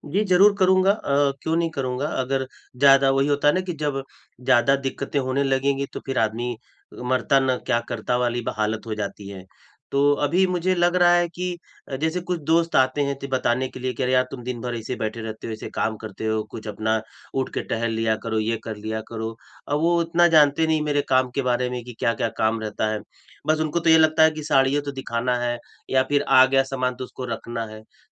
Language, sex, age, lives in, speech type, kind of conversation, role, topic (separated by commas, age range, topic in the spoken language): Hindi, male, 45-49, India, conversation, advice, user, बैठने की आदत बदलकर अधिक सक्रिय जीवन कैसे जिया जा सकता है?